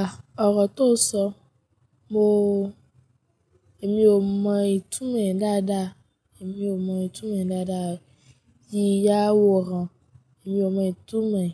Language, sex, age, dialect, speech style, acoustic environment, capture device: Yoruba, female, 25-34, Nigeria - Ijebu, happy; focused, people talking; nature, mobile / Linux / Chrome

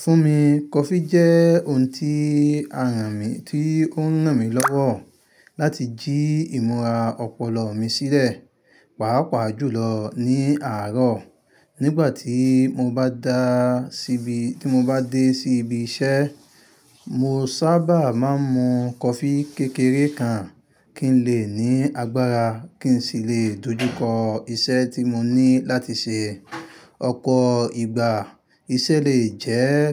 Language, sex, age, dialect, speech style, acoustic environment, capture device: Yoruba, male, 25-34, Nigeria - Ibadan, happy, nature; silence, mobile / Linux / Chrome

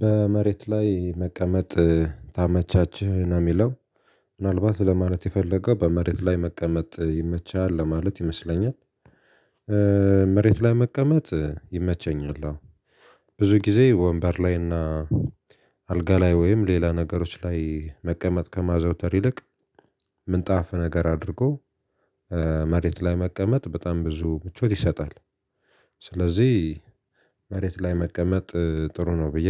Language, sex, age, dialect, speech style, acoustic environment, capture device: Amharic, male, 25-34, Ethiopia - Gojjam, relaxed, nature; silence, mobile / Linux / Chrome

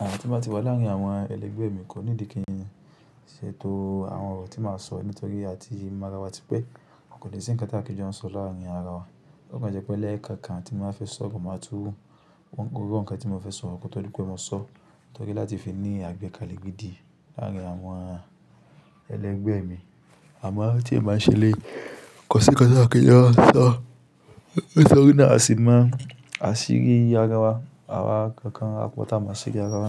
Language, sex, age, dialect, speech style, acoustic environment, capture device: Yoruba, male, 25-34, Nigeria - Kano, anxious; nervous, nature, desktop / Linux / Chrome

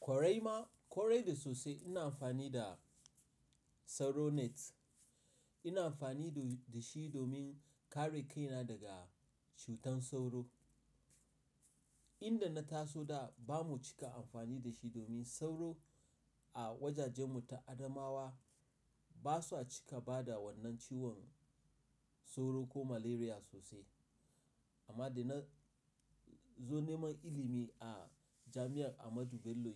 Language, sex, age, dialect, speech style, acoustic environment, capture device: Hausa, male, 35-44, Nigeria - Nigerian Standard, excited, silence, mobile / Linux / Chrome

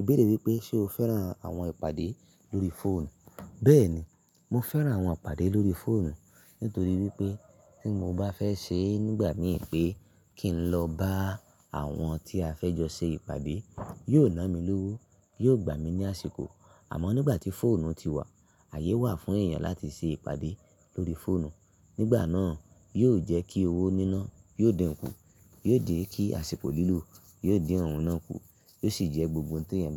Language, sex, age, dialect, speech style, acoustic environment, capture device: Yoruba, male, 25-34, Nigeria - Ibadan, excited; relaxed; happy, silence; nature, mobile / Linux / Chrome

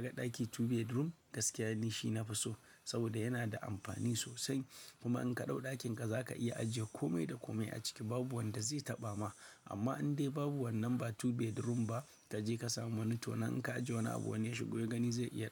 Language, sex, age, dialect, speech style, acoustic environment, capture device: Hausa, male, 25-34, Nigeria - Kano, happy, silence, mobile / Linux / Chrome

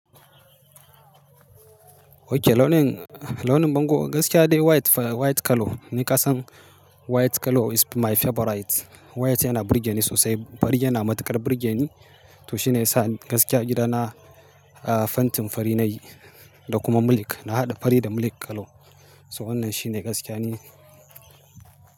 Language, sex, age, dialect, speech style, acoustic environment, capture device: Hausa, male, 35-44, Nigeria - Kano, happy; focused, silence, mobile / Linux / Chrome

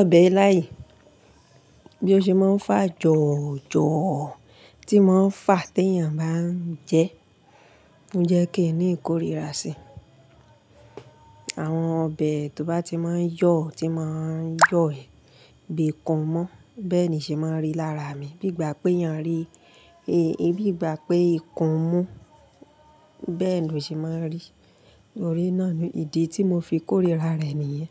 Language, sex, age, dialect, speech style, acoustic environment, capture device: Yoruba, female, 35-44, Nigeria - Ibadan, happy; relaxed, appliances; nature; people talking, mobile / Linux / Chrome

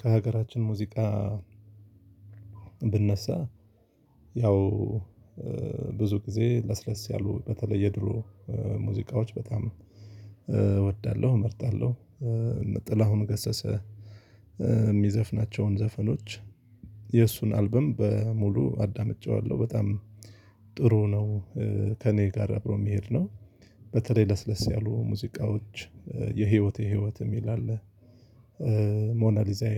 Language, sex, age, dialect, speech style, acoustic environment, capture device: Amharic, male, 35-44, Ethiopia - Addis Ababa, focused, silence, mobile / Linux / Chrome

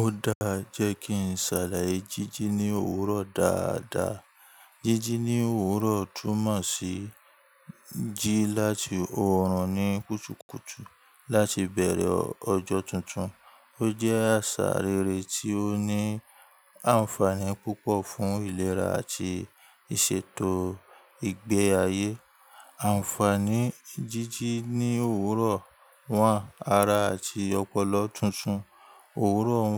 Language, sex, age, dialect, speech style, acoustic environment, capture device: Yoruba, male, 25-34, Nigeria - Ibadan, relaxed, nature; people talking, mobile / Linux / Chrome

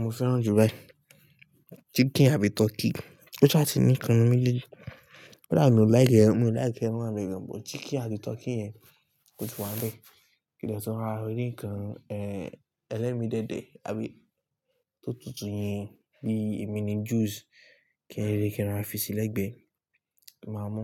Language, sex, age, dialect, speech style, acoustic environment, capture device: Yoruba, male, 18-24, Nigeria - Lagos Yoruba, relaxed, appliances, mobile / Linux / Chrome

